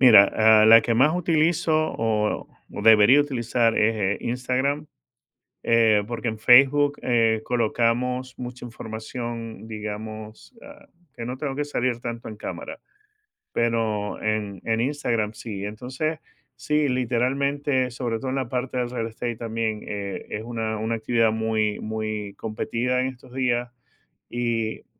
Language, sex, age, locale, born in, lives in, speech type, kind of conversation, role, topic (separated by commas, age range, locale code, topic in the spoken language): Spanish, male, 50-54, es-VE, Venezuela, United States, conversation, advice, user, ¿De qué manera dejas pasar oportunidades por miedo a que te juzguen en redes sociales?